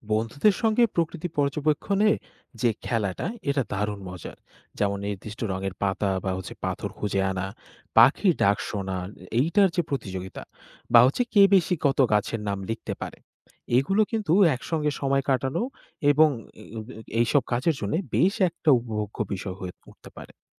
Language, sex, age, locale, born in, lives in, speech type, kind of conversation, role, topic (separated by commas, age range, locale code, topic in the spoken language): Bengali, male, 20-24, bn-BD, Bangladesh, Bangladesh, read, expert-assistant, expert, ব্যস্ত জীবনের মাঝে নিয়মিত হাইকিং বা ক্যাম্পিংয়ের সময় বের করা এবং প্রস্তুতি নেওয়ার বাস্তব পরামর্শ কী কী?